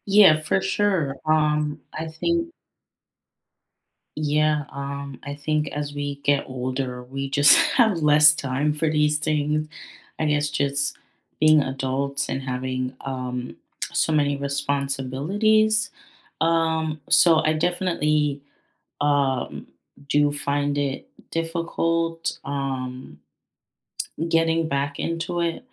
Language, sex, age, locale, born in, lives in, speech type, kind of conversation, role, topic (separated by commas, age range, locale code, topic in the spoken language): English, female, 25-29, en-US, United States, United States, conversation, unstructured, none, Which childhood hobbies would you revive today, and why?